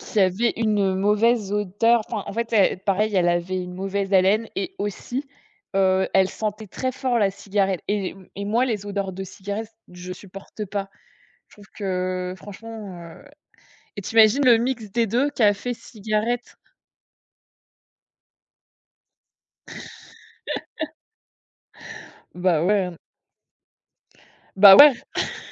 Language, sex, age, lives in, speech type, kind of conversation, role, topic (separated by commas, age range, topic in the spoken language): French, female, 25-29, France, conversation, unstructured, none, As-tu déjà été gêné par quelqu’un qui ne se lave pas après le sport ?